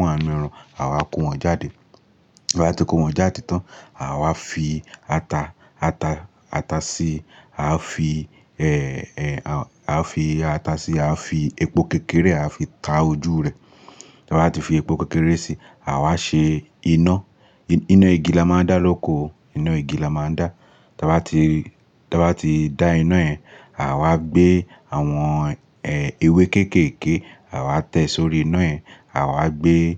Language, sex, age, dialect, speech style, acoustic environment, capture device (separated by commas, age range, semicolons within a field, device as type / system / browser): Yoruba, male, 25-34, Nigeria - Lagos Yoruba, relaxed; focused, nature; appliances, mobile / Linux / Chrome